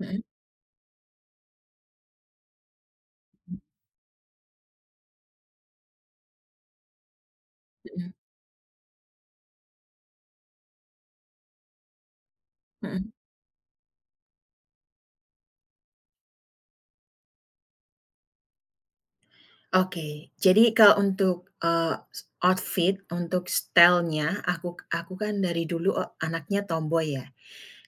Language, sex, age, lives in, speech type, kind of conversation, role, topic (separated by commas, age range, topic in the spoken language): Indonesian, female, 35-39, United States, conversation, podcast, guest, Biasanya kamu memilih pakaian seperti apa saat sedang bad mood?